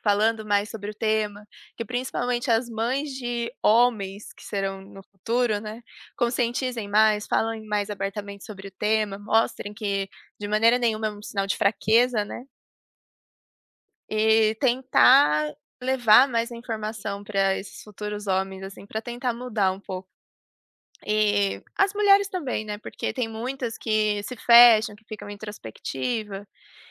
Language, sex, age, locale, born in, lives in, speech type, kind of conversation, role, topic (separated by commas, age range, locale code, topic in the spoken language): Portuguese, female, 25-29, pt-PT, Brazil, Germany, conversation, unstructured, none, É possível convencer alguém a mudar de opinião sobre saúde mental?